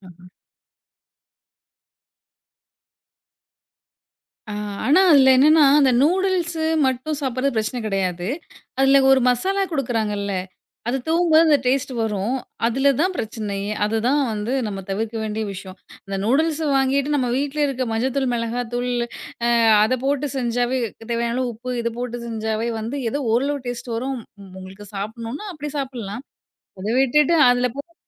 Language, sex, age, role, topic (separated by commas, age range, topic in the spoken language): Tamil, female, 30-34, guest, சிற்றுண்டிகளுக்கு சிறந்த மாற்றுகள் யாவை பரிந்துரைப்பீர்கள்?